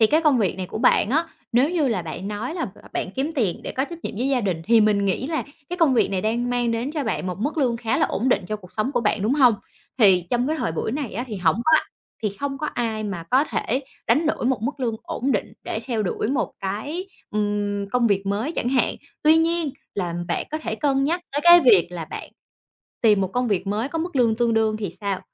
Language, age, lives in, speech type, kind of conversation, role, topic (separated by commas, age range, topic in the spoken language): Vietnamese, 30-34, Vietnam, conversation, advice, advisor, Bạn cảm thấy thế nào khi cuộc sống cứ lặp đi lặp lại và bạn dần mất đi nhiệt huyết?